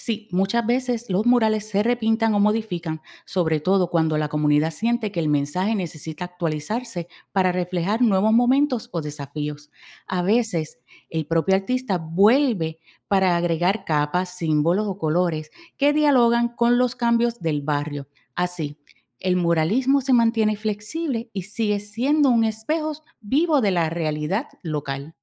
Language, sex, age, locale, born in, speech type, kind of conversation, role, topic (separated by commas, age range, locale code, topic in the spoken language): Spanish, female, 45-49, es-US, Puerto Rico, read, expert-assistant, expert, ¿Qué es más impactante para el espectador: una pintura realista o una obra abstracta?